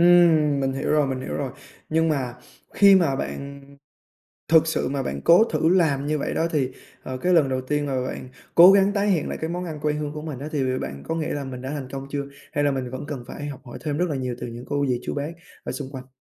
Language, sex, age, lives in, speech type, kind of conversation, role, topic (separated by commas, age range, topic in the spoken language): Vietnamese, male, 20-24, Vietnam, conversation, podcast, host, Món ăn quê hương nào bạn mê nhất?